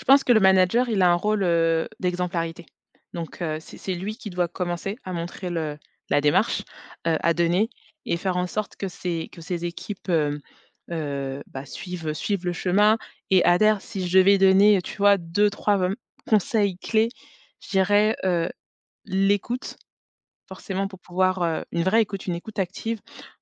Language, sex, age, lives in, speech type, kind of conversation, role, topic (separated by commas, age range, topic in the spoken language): French, female, 35-39, France, conversation, podcast, guest, Qu’est-ce qui fait, selon toi, un bon manager ?